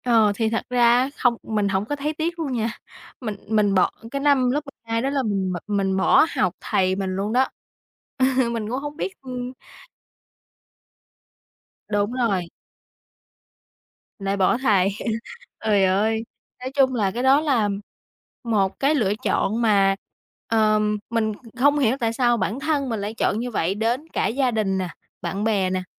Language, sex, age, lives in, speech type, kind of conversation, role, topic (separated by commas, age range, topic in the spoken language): Vietnamese, female, 20-24, Vietnam, conversation, podcast, guest, Bạn có thể kể về một lần cuộc đời bạn rẽ sang hướng bất ngờ không?